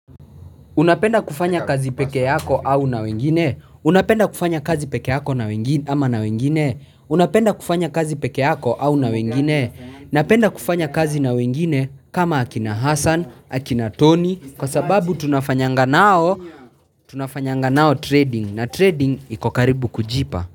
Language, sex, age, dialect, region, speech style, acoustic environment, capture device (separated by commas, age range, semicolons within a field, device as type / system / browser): Swahili, male, 18-24, Kenya - Nairobi Sheng-influenced, Kenya, happy, people talking; traffic, mobile / Linux / Chrome